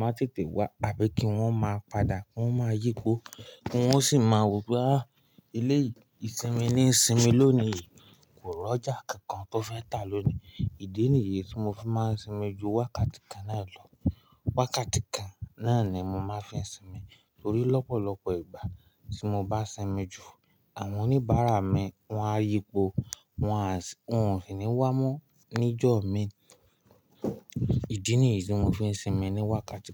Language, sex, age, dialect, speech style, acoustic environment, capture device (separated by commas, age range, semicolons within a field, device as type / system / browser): Yoruba, male, 18-24, Nigeria - Ibadan, happy, nature, mobile / Linux / Chrome